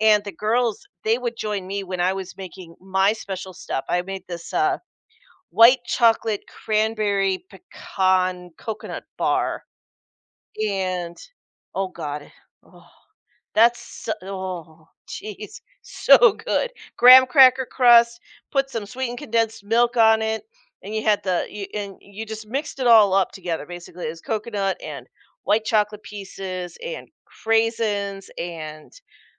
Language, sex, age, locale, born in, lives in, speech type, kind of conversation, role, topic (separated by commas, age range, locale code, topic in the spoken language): English, female, 45-49, en-US, United States, United States, conversation, podcast, host, How do cultural traditions shape our sense of identity and community?